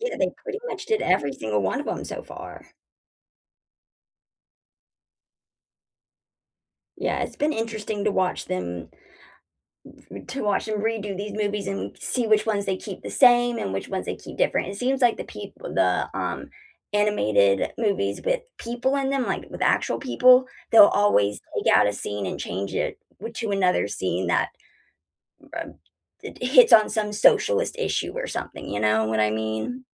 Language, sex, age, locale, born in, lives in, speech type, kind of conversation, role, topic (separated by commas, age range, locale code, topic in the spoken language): English, female, 25-29, en-US, United States, United States, conversation, unstructured, none, What kind of movies do you usually enjoy watching?